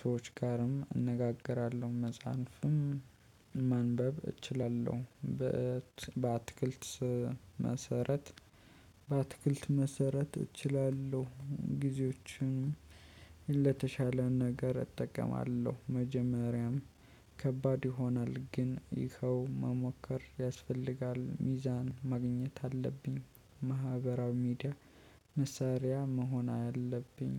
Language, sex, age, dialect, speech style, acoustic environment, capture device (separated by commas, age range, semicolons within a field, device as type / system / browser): Amharic, male, 25-34, Ethiopia - Addis Ababa, happy; focused, people talking; nature, mobile / Linux / Chrome